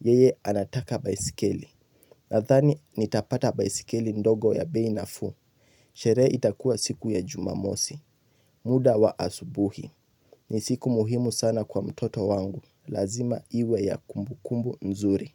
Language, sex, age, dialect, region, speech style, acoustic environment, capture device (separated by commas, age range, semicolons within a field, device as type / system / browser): Swahili, male, 18-24, Kenya - Nairobi Sheng-influenced, Kenya, relaxed, silence, mobile / Linux / Chrome